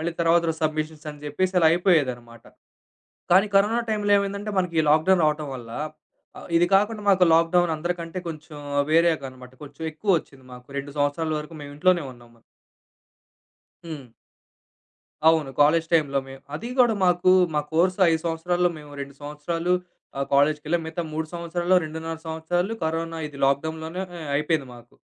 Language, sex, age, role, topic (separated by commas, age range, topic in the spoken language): Telugu, male, 25-29, guest, మీరు జీవితంలో ఎదుర్కొన్న పెద్ద నష్టాన్ని అధిగమించి మళ్లీ ఎలా నిలబడ్డారో చెప్పగలరా?